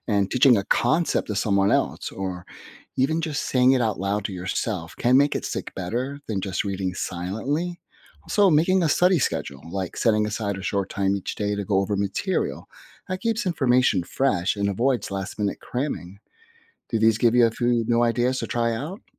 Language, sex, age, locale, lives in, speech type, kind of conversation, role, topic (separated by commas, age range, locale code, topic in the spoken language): English, male, 50-54, en-US, United States, read, expert-assistant, expert, What are some habits that help you stay focused in class?